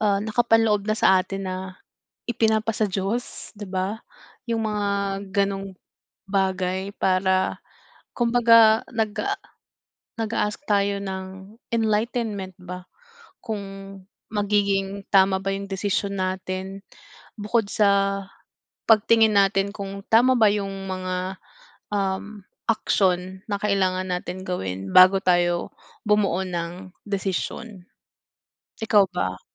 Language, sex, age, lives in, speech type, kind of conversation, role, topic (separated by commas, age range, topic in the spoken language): Filipino, female, 30-34, United States, conversation, unstructured, none, Paano mo pinipili kung kailan ka dapat sumuko at kung kailan ka dapat magpatuloy?